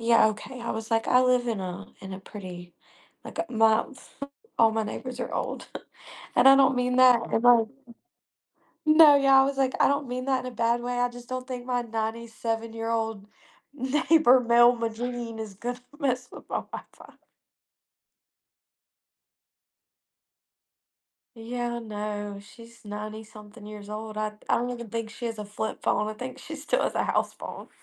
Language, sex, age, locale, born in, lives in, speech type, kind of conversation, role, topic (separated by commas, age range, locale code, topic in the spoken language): English, female, 20-24, en-US, United States, United States, conversation, advice, user, What steps can I take to secure my smartphone against hackers and malware?